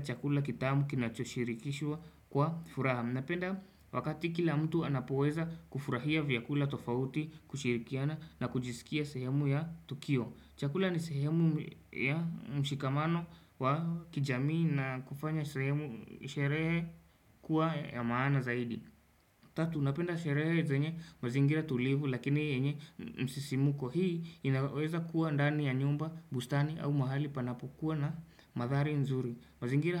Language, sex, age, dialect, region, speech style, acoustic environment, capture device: Swahili, male, 18-24, Kenya - Nairobi Sheng-influenced, Kenya, happy; anxious; nervous; excited; focused; relaxed, people talking; music; traffic; construction; appliances, desktop / Windows / Chrome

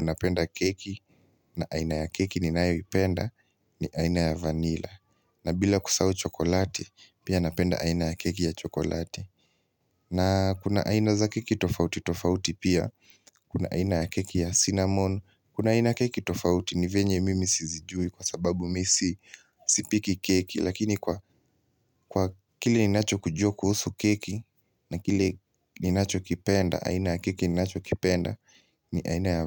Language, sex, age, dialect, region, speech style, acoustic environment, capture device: Swahili, male, 18-24, Kenya - Nairobi Sheng-influenced, Kenya, relaxed; focused, silence, mobile / Linux / Chrome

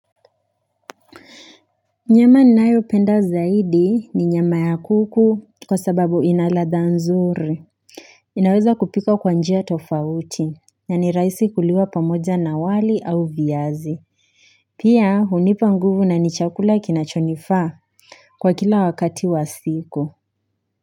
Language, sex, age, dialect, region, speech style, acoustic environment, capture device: Swahili, female, 18-24, Kenya - Nairobi Sheng-influenced, Kenya, happy, silence, mobile / Linux / Chrome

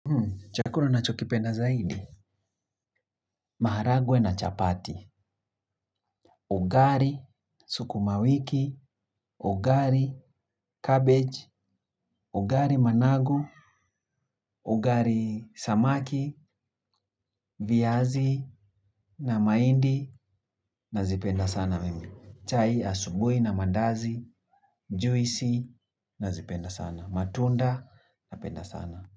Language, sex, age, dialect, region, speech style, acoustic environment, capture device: Swahili, male, 25-34, Kenya - Nairobi Sheng-influenced, Kenya, focused; excited; happy, people talking; nature, mobile / Linux / Chrome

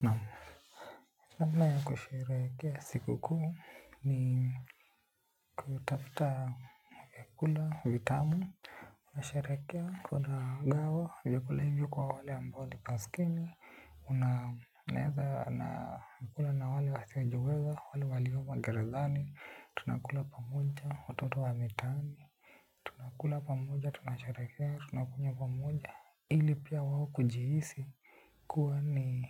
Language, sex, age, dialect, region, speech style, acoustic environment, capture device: Swahili, male, 18-24, Kenya - Nairobi Sheng-influenced, Kenya, happy, nature, desktop / Linux / Chrome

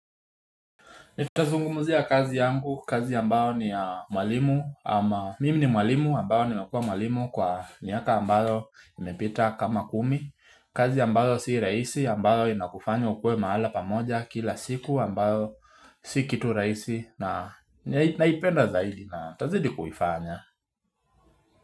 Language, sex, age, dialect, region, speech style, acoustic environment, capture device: Swahili, male, 25-34, Kenya - Nairobi Sheng-influenced, Kenya, relaxed; focused, silence, mobile / Linux / Chrome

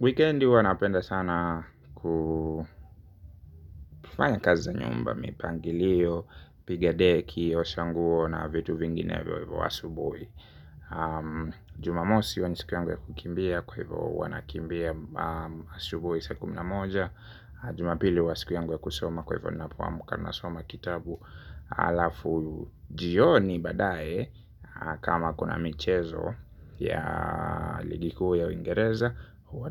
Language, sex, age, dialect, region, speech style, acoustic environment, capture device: Swahili, male, 25-34, Kenya - Nairobi Sheng-influenced, Kenya, happy; relaxed; focused; excited, silence; music; nature, mobile / Linux / Chrome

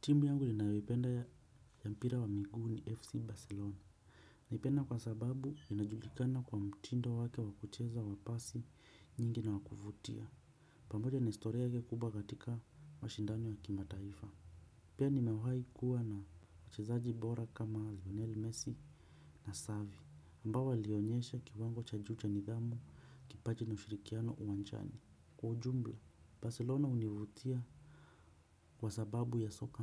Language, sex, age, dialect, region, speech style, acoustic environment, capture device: Swahili, male, 25-34, Kenya - Nairobi Sheng-influenced, Kenya, relaxed; focused, silence, desktop / Windows / Chrome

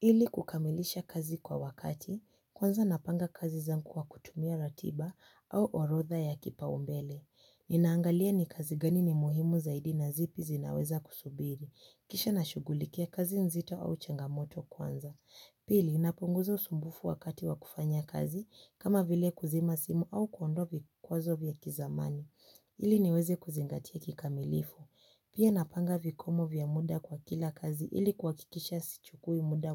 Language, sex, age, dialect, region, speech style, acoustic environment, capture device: Swahili, female, 18-24, Kenya - Nairobi Sheng-influenced, Kenya, relaxed, silence, mobile / Linux / Chrome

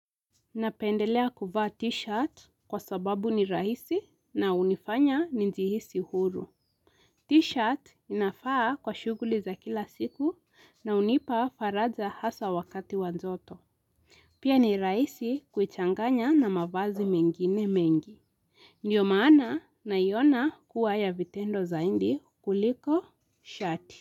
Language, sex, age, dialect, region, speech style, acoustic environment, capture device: Swahili, female, 18-24, Kenya - Nairobi Sheng-influenced, Kenya, focused; relaxed, silence, mobile / Linux / Chrome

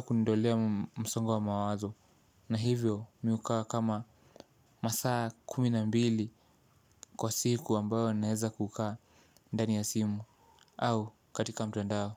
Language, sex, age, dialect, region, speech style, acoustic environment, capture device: Swahili, male, 18-24, Kenya - Mombasa, Kenya, tired; relaxed, silence, mobile / Linux / Chrome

